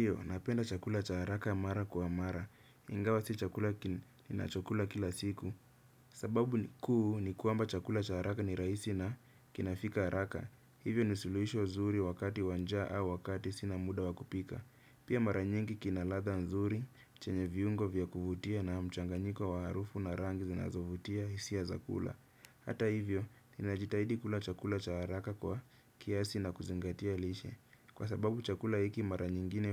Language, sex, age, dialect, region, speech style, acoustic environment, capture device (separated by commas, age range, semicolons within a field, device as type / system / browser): Swahili, male, 25-34, Kenya - Nairobi Sheng-influenced, Kenya, happy; relaxed; excited; focused, nature; silence, desktop / Windows / Chrome